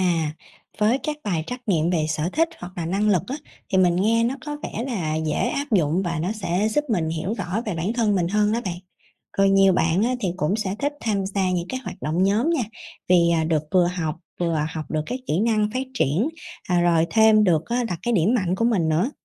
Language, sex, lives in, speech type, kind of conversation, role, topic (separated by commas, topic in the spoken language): Vietnamese, female, Vietnam, read, expert-assistant, asker, Vai trò của giáo viên trong việc định hướng nghề nghiệp cho học sinh